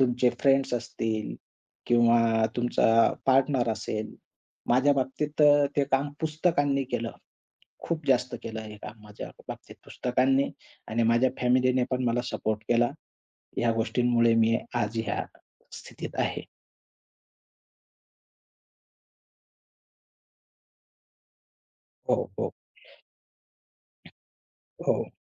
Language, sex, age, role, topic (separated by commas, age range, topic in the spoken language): Marathi, male, 20-24, guest, तुमच्या आयुष्यातला सर्वात मोठा बदल कधी झाला?